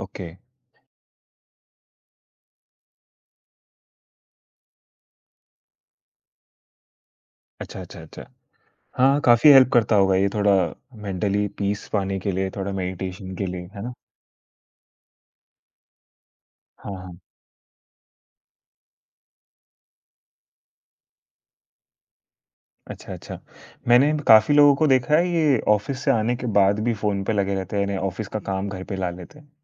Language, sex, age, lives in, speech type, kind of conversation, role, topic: Hindi, male, 20-24, India, conversation, podcast, host, Notifications manage karne ka tumhara tarika kya hai?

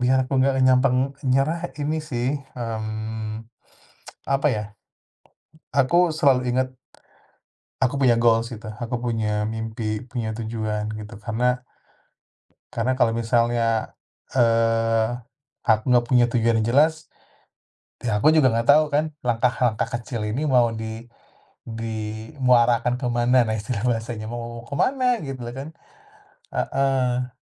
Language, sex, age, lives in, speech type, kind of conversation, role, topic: Indonesian, male, 35-39, Indonesia, conversation, podcast, guest, Apa langkah kecil yang bisa dilakukan setiap orang mulai hari ini?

